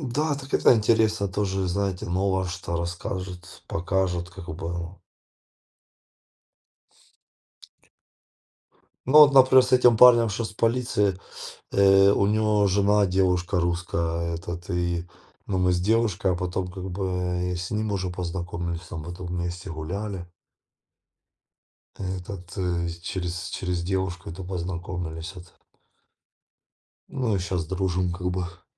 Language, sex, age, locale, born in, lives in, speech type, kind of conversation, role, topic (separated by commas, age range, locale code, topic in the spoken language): Russian, male, 40-44, ru-RU, Ukraine, Spain, conversation, unstructured, none, Как путешествия помогают нам справляться с тревогой и стрессом?